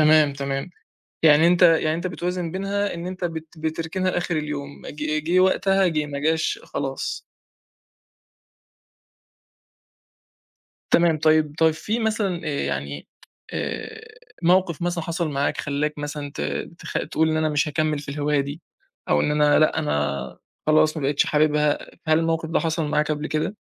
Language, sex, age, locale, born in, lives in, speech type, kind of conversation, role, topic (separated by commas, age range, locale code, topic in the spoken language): Arabic, male, 20-24, ar-EG, Egypt, Egypt, conversation, podcast, host, إزاي الهواية دي غيّرتك كشخص؟